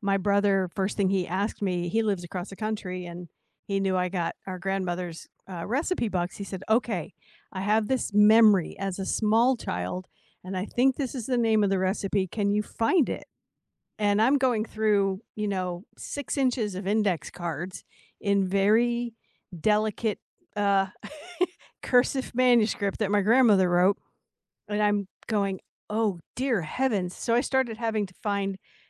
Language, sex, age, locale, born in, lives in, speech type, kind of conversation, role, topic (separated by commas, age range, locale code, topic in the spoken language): English, female, 60-64, en-US, United States, United States, conversation, unstructured, none, How do you feel when a family recipe is lost over time?